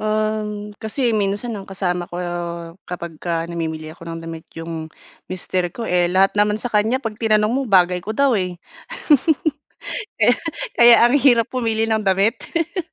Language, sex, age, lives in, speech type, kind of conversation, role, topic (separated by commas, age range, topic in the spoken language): Filipino, female, 30-34, Philippines, conversation, advice, user, Paano ako pipili ng damit na akma sa pangangatawan ko?